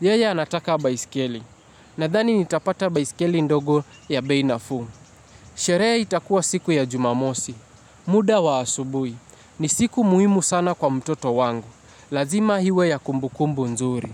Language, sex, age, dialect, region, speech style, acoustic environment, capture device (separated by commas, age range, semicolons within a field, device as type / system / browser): Swahili, male, 18-24, Kenya - Mombasa, Kenya, happy, nature, mobile / Linux / Chrome